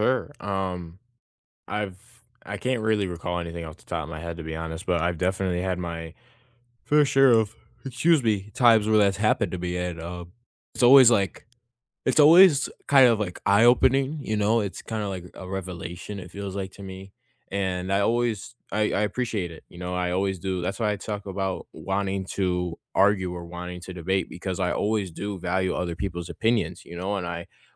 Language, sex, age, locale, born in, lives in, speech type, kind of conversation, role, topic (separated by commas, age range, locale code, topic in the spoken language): English, male, 18-19, en-US, United States, United States, conversation, unstructured, none, How can we respect different opinions during a discussion?